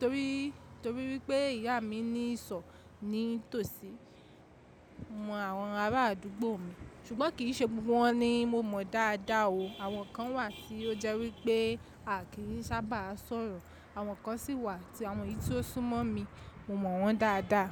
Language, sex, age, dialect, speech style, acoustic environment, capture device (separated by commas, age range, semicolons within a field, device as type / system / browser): Yoruba, female, 25-34, Nigeria - Lagos Yoruba, relaxed, nature, mobile / macOS / Safari